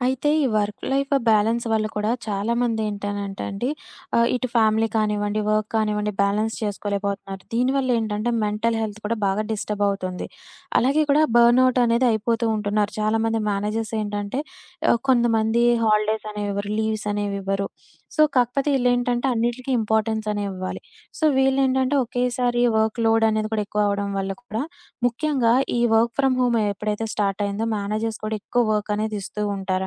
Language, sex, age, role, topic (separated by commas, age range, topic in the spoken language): Telugu, female, 25-29, host, రిమోట్ పని విధానం మన పని చేసే తీరును ఎలా మార్చిందని మీరు అనుకుంటున్నారు?